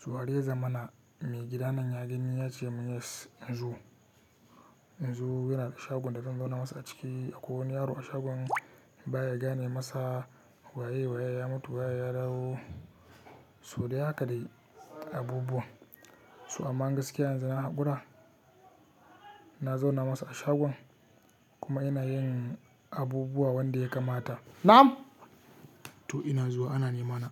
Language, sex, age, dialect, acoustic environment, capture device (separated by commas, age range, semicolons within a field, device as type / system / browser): Hausa, male, 18-24, Nigeria - Katsina, silence, mobile / Linux / Chrome